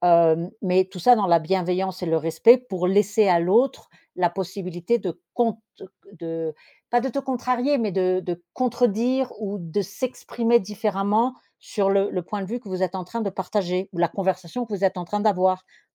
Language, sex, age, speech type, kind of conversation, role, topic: French, female, 50-54, conversation, podcast, host, Comment utilises-tu le « je » pour t’exprimer ?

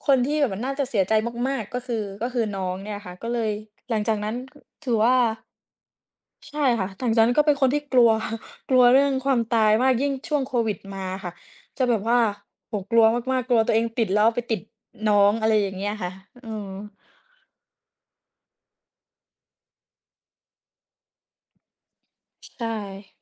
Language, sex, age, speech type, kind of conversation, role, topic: Thai, female, 20-24, conversation, unstructured, none, คุณเคยรู้สึกกลัวความตายไหม และทำไมถึงรู้สึกแบบนั้น?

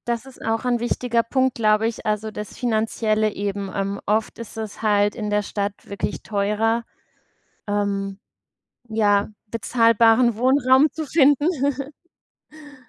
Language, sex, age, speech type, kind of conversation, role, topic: German, female, 35-39, conversation, unstructured, none, Was bevorzugst du: Stadtleben oder Landleben?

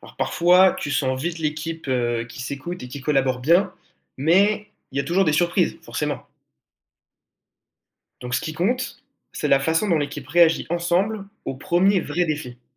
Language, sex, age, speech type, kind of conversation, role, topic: French, male, 18-19, read, expert-assistant, expert, Créer ou reprendre une entreprise : quelles sont les vraies différences ?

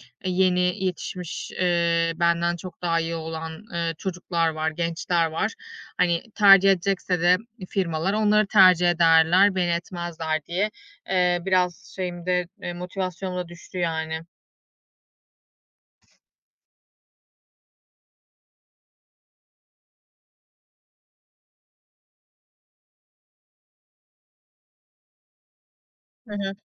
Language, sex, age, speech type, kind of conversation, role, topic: Turkish, female, 35-39, conversation, advice, user, Belirli bir yaşa gelince “başarmış olman” gerektiği beklentisi sende nasıl bir baskı yaratıyor?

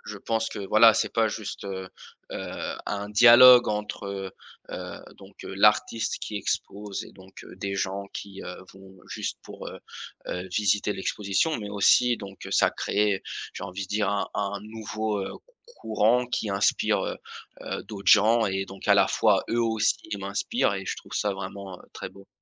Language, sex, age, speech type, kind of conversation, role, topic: French, male, 20-24, conversation, podcast, guest, Quelle habitude t’a fait le plus progresser ?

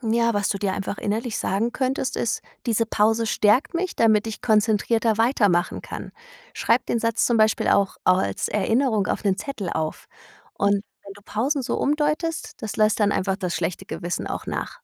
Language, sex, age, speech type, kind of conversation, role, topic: German, female, 35-39, read, expert-assistant, expert, Wie finde ich im hektischen Alltag Momente, um innerlich zur Ruhe zu kommen?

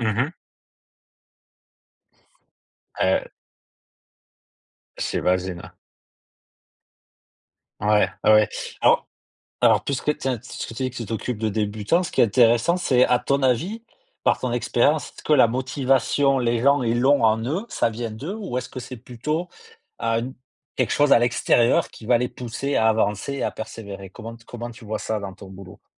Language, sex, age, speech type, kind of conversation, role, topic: French, male, 45-49, conversation, unstructured, none, Est-il juste de forcer les enfants à apprendre à jouer d’un instrument de musique ?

